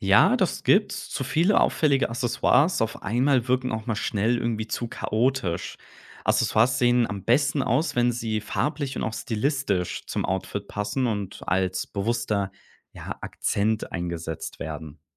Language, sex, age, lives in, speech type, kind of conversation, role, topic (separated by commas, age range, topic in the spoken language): German, male, 25-29, Germany, read, expert-assistant, expert, Wie könnten wir klassische und moderne Modestile neu mischen, um spannende Outfits für den Alltag zu schaffen?